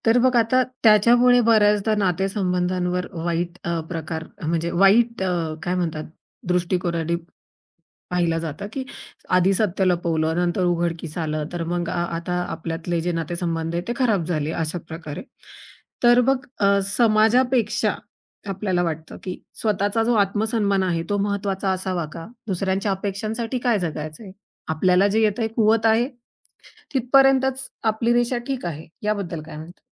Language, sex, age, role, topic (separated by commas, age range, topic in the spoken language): Marathi, female, 30-34, host, सामाजिक दबावामुळे तुम्ही कधी सत्य लपवता का?